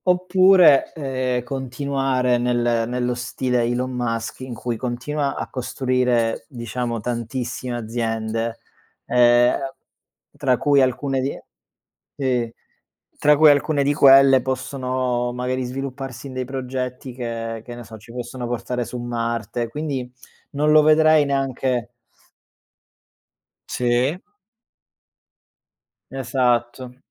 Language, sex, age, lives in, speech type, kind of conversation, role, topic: Italian, male, 30-34, Germany, conversation, unstructured, none, Secondo te, la ricchezza è distribuita in modo equo?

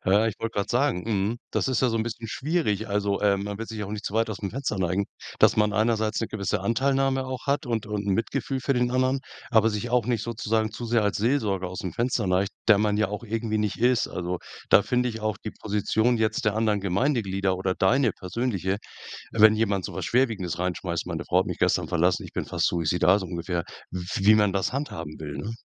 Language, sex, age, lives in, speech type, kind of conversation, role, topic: German, male, 65-69, Germany, conversation, podcast, host, Was bedeutet Gemeinschaft für dich wirklich?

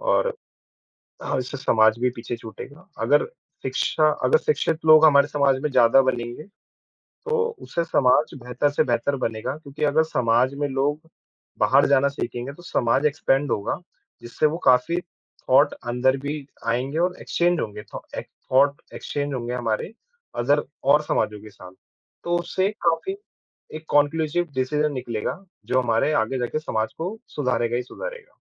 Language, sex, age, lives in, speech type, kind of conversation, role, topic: Hindi, male, 25-29, India, conversation, unstructured, none, आपके हिसाब से एक अच्छा समाज कैसा होना चाहिए?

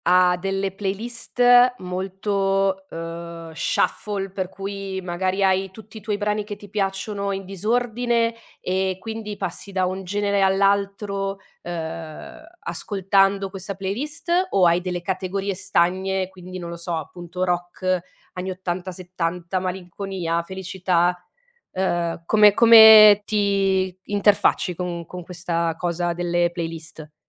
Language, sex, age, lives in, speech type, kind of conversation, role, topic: Italian, female, 30-34, Italy, conversation, podcast, host, Come descriveresti il tuo gusto musicale oggi?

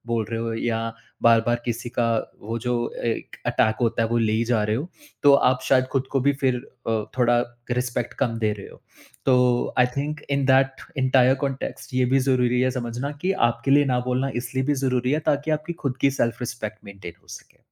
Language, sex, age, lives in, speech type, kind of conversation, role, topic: Hindi, male, 30-34, India, conversation, podcast, guest, Relatives ko ‘na’ bolna aap kaise aasaan banate ho?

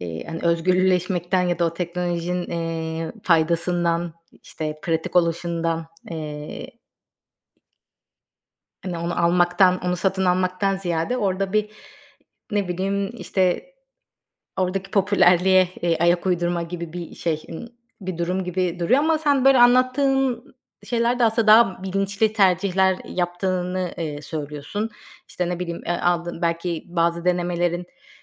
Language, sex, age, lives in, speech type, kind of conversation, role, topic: Turkish, female, 35-39, Greece, conversation, podcast, host, Yeni teknolojileri denemeye nasıl karar veriyorsun?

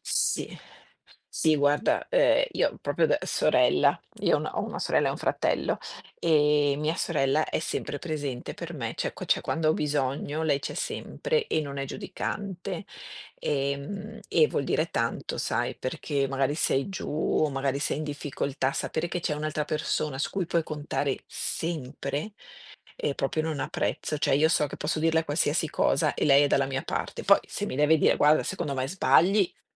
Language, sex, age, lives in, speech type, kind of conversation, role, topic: Italian, female, 50-54, Italy, conversation, unstructured, none, Qual è il modo migliore per mostrare affetto a qualcuno?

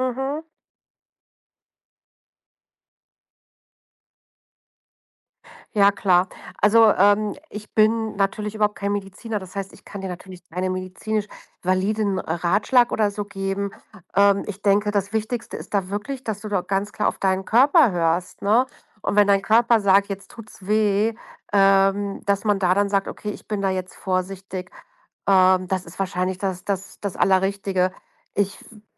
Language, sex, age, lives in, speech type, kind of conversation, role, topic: German, female, 40-44, Germany, conversation, advice, advisor, Wie kannst du deinen Alltag neu organisieren, wenn sich deine Gesundheit oder Mobilität plötzlich verändert hat?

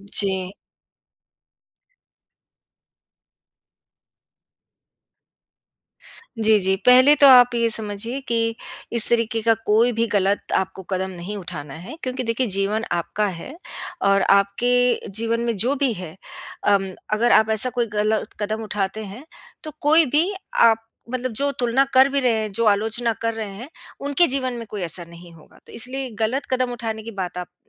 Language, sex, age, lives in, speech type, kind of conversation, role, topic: Hindi, female, 50-54, United States, conversation, advice, advisor, हम भाई-बहन की लगातार होने वाली एक-दूसरे से तुलना से कैसे निपटें?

